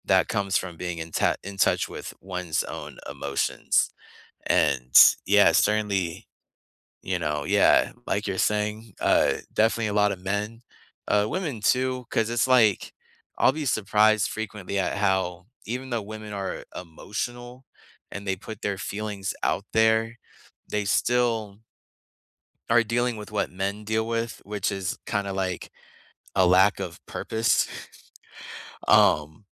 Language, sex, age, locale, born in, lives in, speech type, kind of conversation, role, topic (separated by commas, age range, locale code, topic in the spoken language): English, male, 25-29, en-US, United States, United States, conversation, unstructured, none, What everyday habits make your day run more smoothly?